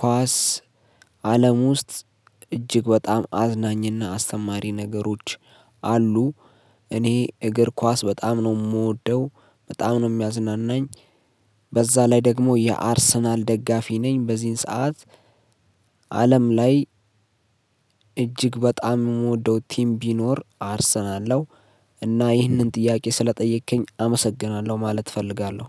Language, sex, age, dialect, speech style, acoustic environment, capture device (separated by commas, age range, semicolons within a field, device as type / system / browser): Amharic, male, 25-34, Ethiopia - Addis Ababa, focused, silence, mobile / Linux / Chrome